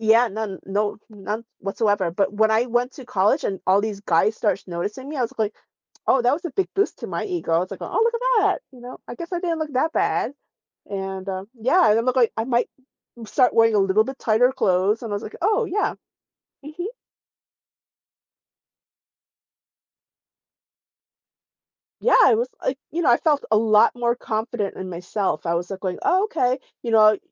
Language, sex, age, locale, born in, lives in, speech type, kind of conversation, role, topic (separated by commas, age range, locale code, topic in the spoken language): English, female, 45-49, en-US, South Korea, United States, conversation, podcast, guest, In what ways can personal style influence how confident we feel about ourselves?